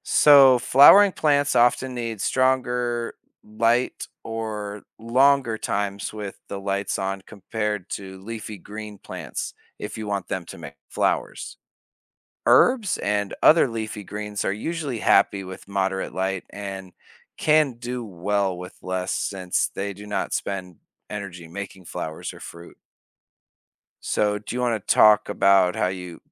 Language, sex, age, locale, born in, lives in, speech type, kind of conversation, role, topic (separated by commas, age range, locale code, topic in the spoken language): English, male, 30-34, en-US, United States, United States, read, expert-assistant, expert, Why do plants grow toward sunlight?